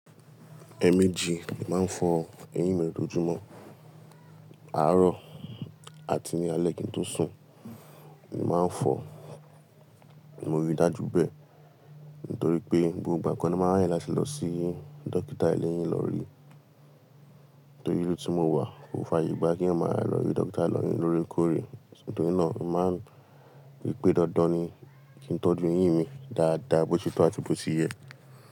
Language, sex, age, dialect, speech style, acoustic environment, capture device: Yoruba, male, 25-34, Nigeria - Lagos Yoruba, tired, traffic, mobile / Linux / Chrome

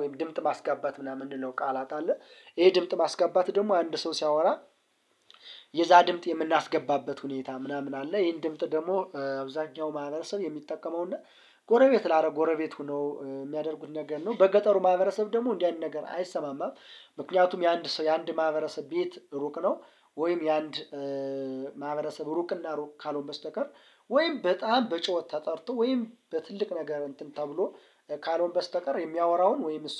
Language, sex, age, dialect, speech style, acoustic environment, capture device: Amharic, male, 25-34, Ethiopia - Gondar, relaxed, nature, mobile / Linux / Chrome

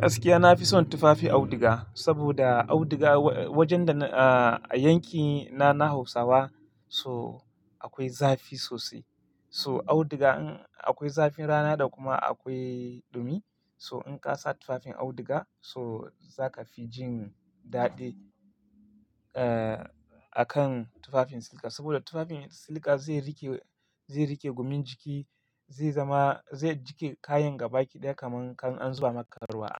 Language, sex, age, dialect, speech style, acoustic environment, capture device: Hausa, male, 25-34, Nigeria - Kano, relaxed; focused, silence, mobile / Linux / Chrome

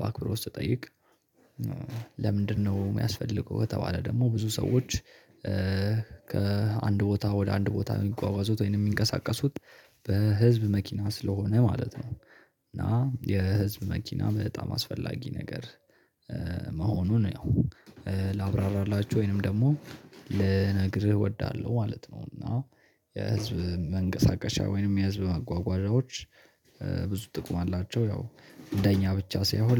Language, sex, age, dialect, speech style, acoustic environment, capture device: Amharic, male, 18-24, Ethiopia - Addis Ababa, happy; relaxed, nature; silence; people talking; music; construction, mobile / Linux / Chrome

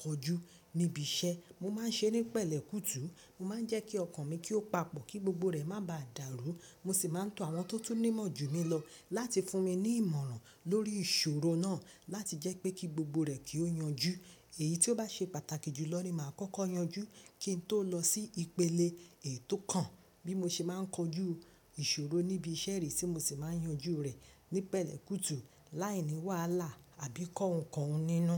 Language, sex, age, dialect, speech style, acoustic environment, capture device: Yoruba, female, 25-34, Nigeria - Lagos Yoruba, happy, people talking; nature, mobile / Linux / Chrome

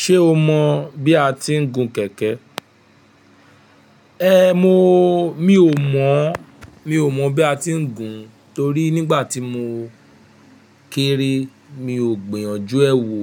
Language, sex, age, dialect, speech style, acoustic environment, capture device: Yoruba, male, 25-34, Nigeria - Nigerian Standard, happy; relaxed; focused; excited, nature, mobile / Linux / Chrome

